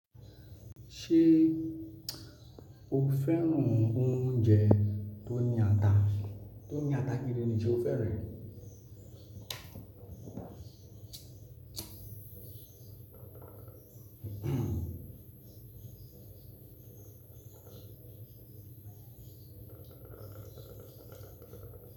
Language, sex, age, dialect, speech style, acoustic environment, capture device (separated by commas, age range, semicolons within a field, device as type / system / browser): Yoruba, male, 25-34, Nigeria - Lagos Yoruba, relaxed; focused, nature; appliances, desktop / Linux / Chrome